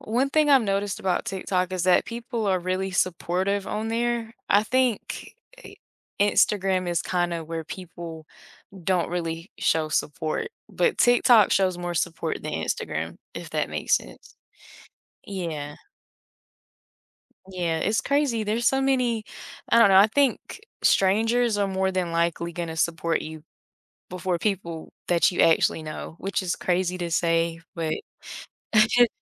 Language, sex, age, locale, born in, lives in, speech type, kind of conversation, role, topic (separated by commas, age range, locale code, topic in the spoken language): English, female, 20-24, en-US, United States, United States, conversation, podcast, guest, In what ways has technology influenced the way we connect and interact with others?